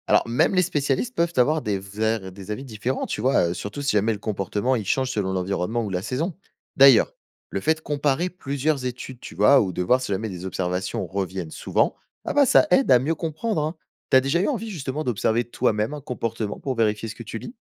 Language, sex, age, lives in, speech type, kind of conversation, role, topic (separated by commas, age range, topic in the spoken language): French, male, 20-24, France, read, expert-assistant, expert, Comment repérer les infos fiables quand on s'intéresse à la vie sous-marine ?